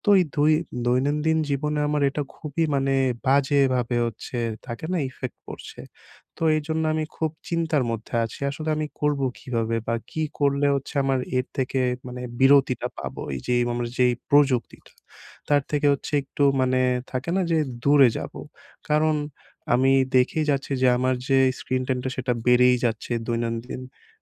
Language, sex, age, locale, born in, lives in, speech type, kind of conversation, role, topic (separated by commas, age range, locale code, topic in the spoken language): Bengali, male, 20-24, bn-BD, Bangladesh, Bangladesh, conversation, advice, user, অবসর সময়ে আমি কীভাবে প্রতিদিন প্রযুক্তি থেকে দূরে থাকতে পারি?